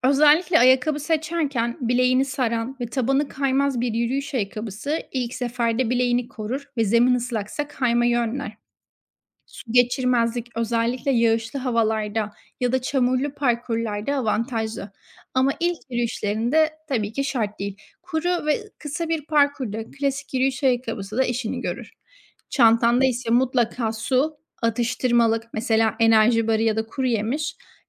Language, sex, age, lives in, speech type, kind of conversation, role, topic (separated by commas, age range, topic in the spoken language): Turkish, female, 25-29, Spain, read, expert-assistant, expert, Doğa yürüyüşüne yeni başlayanlar için nelere dikkat etmek gerekir?